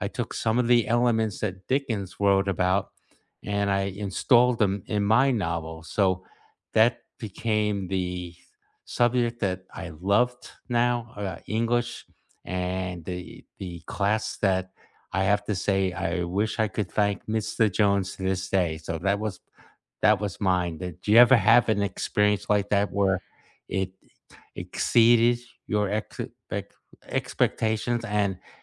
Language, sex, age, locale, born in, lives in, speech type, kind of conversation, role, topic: English, male, 65-69, en-US, United States, United States, conversation, unstructured, none, Which class did you expect to dislike but ended up loving, and what changed your mind?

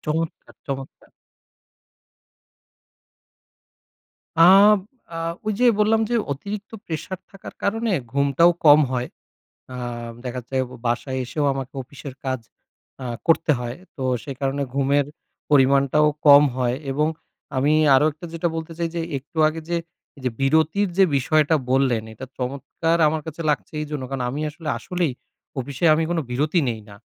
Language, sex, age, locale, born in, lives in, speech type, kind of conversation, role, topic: Bengali, male, 30-34, bn-BD, Bangladesh, Bangladesh, conversation, advice, user, আপনি কি কখনও ক্ষোভে তাড়াহুড়ো করে জবাব দিয়ে পরে অপরাধবোধে ভুগেছেন?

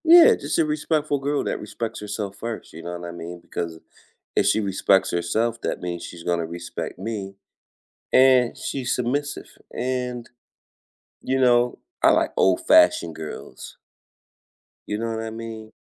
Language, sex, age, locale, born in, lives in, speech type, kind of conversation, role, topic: English, male, 45-49, en-US, United States, United States, conversation, unstructured, none, What surprises you most about being in a relationship?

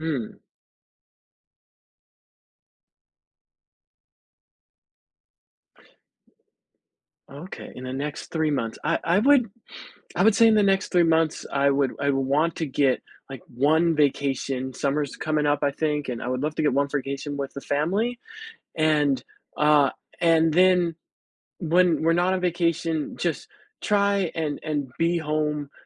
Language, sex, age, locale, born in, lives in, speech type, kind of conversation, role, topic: English, male, 40-44, en-US, United States, United States, conversation, advice, user, How can I manage stress while balancing work and family?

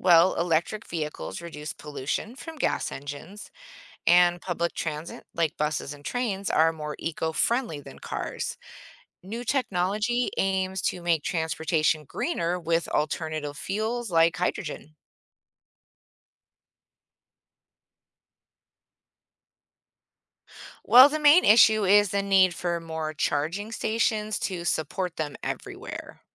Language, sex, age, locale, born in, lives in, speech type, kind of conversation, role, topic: English, female, 40-44, en-US, United States, United States, read, expert-assistant, expert, How has technology changed transportation over time?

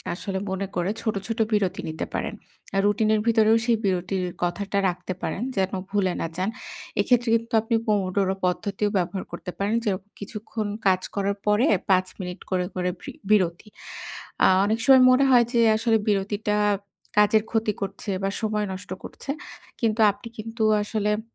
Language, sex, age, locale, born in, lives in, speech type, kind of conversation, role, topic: Bengali, female, 30-34, bn-BD, Bangladesh, Bangladesh, conversation, advice, advisor, ছোট বিরতি নিয়ে কীভাবে সকালে ও দুপুরে শক্তি বজায় রাখতে পারি?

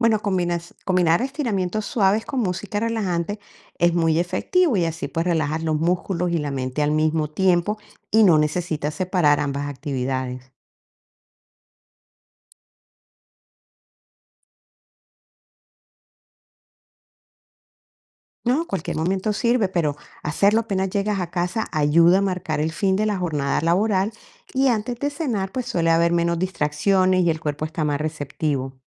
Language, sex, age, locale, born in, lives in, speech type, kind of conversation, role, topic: Spanish, female, 55-59, es-CO, Colombia, United States, read, expert-assistant, expert, ¿Qué es mejor para reducir el estrés después del trabajo: ejercicio o meditación?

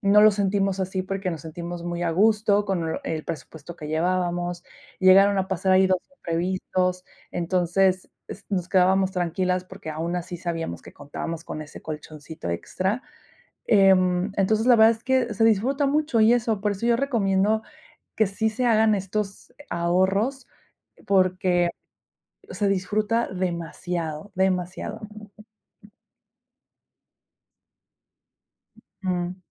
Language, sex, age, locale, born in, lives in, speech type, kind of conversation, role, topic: Spanish, female, 30-34, es-MX, Mexico, Mexico, conversation, podcast, guest, ¿Cómo decides entre gastar hoy o ahorrar para el futuro?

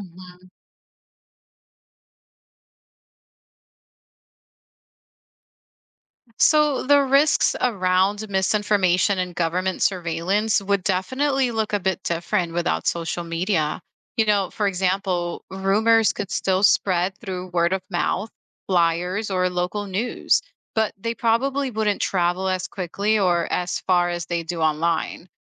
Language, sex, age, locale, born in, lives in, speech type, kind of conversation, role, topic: English, female, 35-39, en-US, United States, United States, read, expert-assistant, expert, How would social movements organize and spread their message if social media suddenly disappeared?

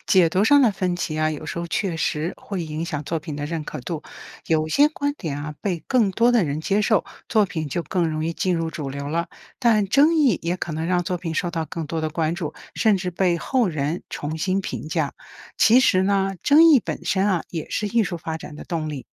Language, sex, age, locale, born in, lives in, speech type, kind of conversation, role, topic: Chinese, female, 55-59, zh-CN, China, United States, read, expert-assistant, expert, 为什么艺术作品的意义会随着时间和观点改变？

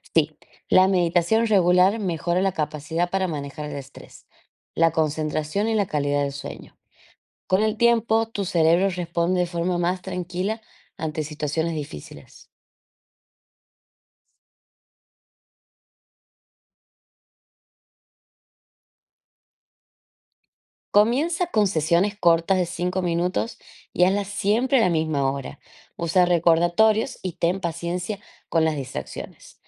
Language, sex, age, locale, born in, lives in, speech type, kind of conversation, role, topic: Spanish, female, 25-29, es-AR, Argentina, Netherlands, read, expert-assistant, expert, ¿Qué hábitos diarios puedo adoptar para manejar mejor el estrés en el trabajo?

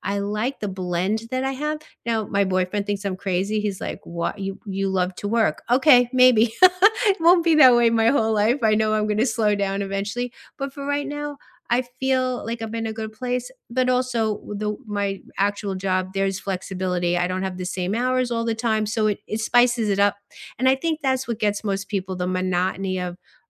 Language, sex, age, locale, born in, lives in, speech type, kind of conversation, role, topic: English, female, 60-64, en-US, United States, United States, conversation, unstructured, none, Beyond salary, which benefits truly matter to you, and what experiences shaped those priorities?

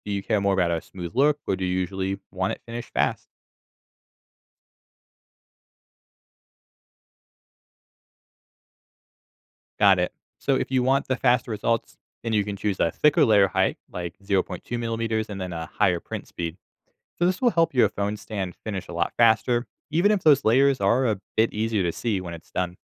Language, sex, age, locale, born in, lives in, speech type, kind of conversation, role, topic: English, male, 25-29, en-US, United States, United States, read, expert-assistant, expert, What should beginners know about using a 3D printer?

